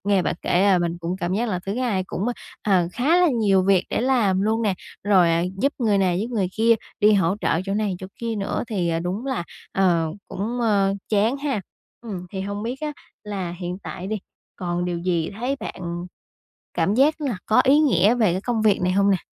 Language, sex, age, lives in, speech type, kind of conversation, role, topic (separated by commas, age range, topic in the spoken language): Vietnamese, female, 20-24, Vietnam, conversation, advice, advisor, Làm sao để duy trì động lực khi phải lặp lại công việc nhàm chán mỗi ngày?